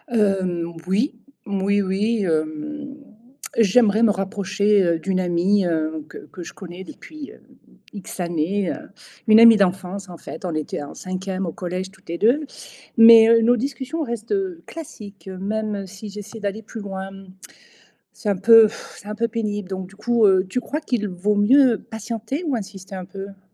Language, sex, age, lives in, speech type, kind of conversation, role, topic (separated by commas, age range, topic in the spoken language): French, female, 55-59, Portugal, read, expert-assistant, asker, Comment une amitié devient-elle vraiment profonde ?